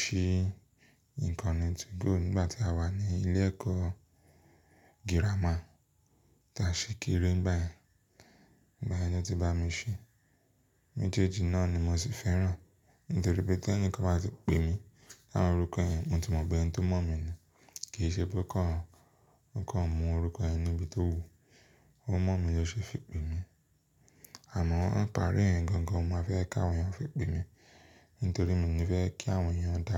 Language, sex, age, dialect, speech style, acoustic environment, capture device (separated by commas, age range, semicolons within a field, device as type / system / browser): Yoruba, male, 25-34, Nigeria - Lagos Yoruba, focused; relaxed, silence, mobile / Linux / Chrome